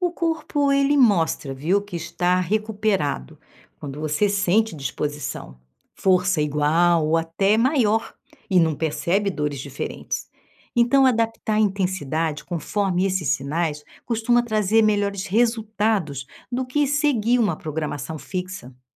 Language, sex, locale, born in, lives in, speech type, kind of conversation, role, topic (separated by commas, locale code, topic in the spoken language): Portuguese, female, pt-BR, Brazil, Portugal, read, expert-assistant, expert, Por que cada pessoa fala uma coisa diferente sobre o melhor jeito de treinar força?